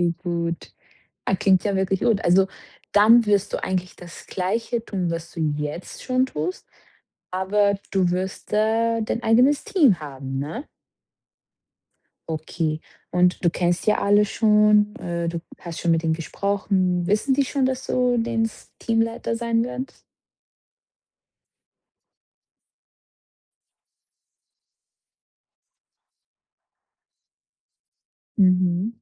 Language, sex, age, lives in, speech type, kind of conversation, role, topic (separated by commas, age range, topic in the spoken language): German, female, 25-29, Portugal, conversation, advice, advisor, Wie beschreibst du deine neue Rolle als Teamleiterin oder Teamleiter?